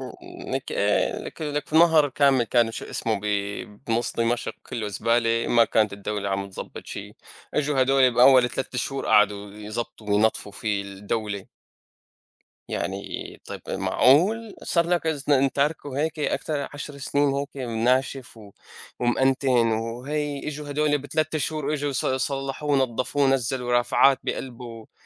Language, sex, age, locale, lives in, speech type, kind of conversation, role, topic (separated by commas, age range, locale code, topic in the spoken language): Arabic, male, 25-29, ar-SY, United States, conversation, unstructured, none, إيه دور الشباب في تحسين المجتمع؟